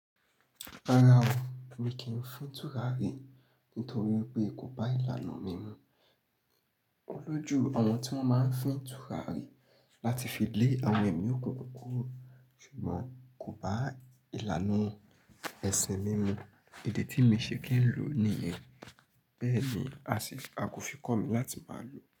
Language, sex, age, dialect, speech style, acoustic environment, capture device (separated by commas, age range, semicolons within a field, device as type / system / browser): Yoruba, male, 25-34, Nigeria - Nigerian Standard, happy, silence, mobile / Linux / Chrome